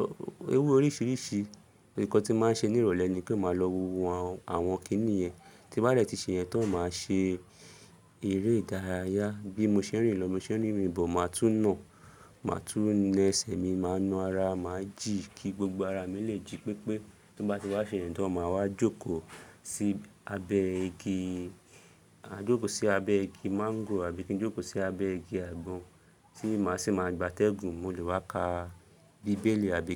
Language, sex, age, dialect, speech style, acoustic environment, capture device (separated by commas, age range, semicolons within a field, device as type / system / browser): Yoruba, male, 25-34, Nigeria - Lagos Yoruba, happy; excited, people talking; nature, mobile / Linux / Chrome